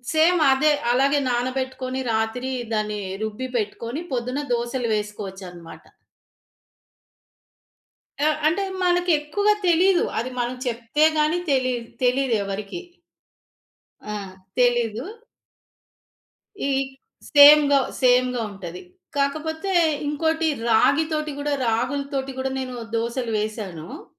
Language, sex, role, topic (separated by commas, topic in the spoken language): Telugu, female, guest, ఆహార పరిమితులు ఉన్నవారికి అనుగుణంగా వంటకాలను ఎలా మార్చుకోవాలి?